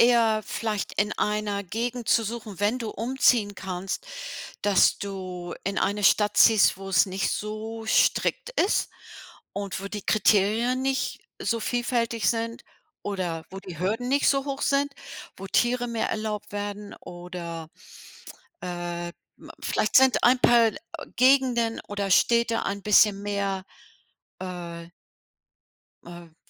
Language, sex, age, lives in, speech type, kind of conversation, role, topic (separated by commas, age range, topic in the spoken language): German, female, 65-69, United States, conversation, advice, advisor, Welche Schwierigkeiten hast du bei der Wohnungssuche an deinem neuen Wohnort?